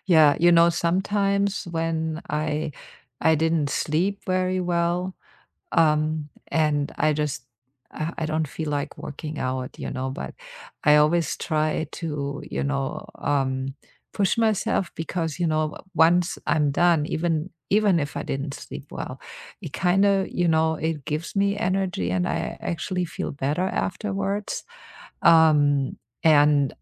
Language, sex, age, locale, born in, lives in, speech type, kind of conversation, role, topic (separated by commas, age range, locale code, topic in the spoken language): English, female, 50-54, en-DE, Germany, United States, conversation, unstructured, none, How do you stay motivated to keep exercising regularly?